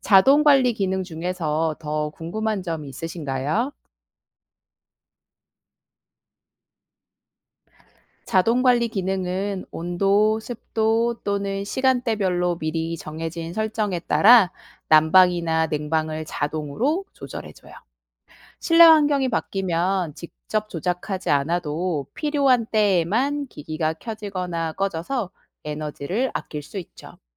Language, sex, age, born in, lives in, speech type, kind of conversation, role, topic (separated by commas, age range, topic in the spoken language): Korean, female, 35-39, South Korea, South Korea, read, expert-assistant, expert, 스마트 온도 조절기가 실내 환경을 쾌적하게 유지하는 방법은 무엇인가요?